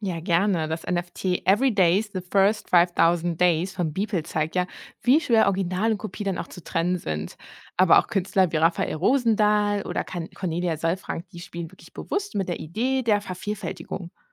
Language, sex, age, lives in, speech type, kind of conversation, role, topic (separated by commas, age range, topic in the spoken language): German, female, 30-34, Germany, read, expert-assistant, expert, Wie würdest du den Unterschied zwischen einem digitalen Kunstwerk und einem handgemalten Bild mit einer Alltagserfahrung vergleichen?